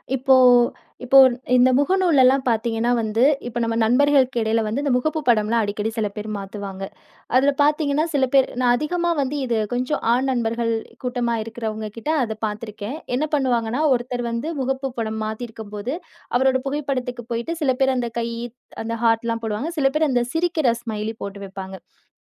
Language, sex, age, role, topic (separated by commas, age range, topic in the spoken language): Tamil, female, 30-34, host, சமூக ஊடகப் பதிவுகளுக்கு வரும் விருப்பங்கள் உங்கள் மனநிலையை மாற்றுமா?